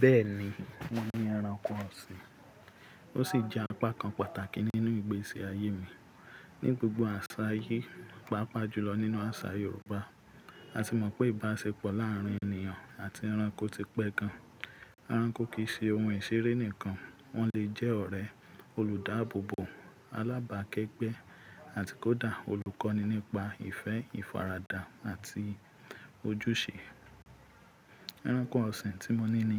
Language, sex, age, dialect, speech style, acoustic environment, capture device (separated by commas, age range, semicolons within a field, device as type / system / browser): Yoruba, male, 25-34, Nigeria - Ibadan, relaxed, people talking; nature; appliances, mobile / Linux / Chrome